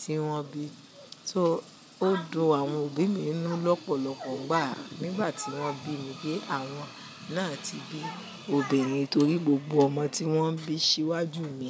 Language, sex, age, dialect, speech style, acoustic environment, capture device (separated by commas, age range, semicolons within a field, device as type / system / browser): Yoruba, female, 45-54, Nigeria - Nigerian Standard, happy, silence, mobile / Linux / Chrome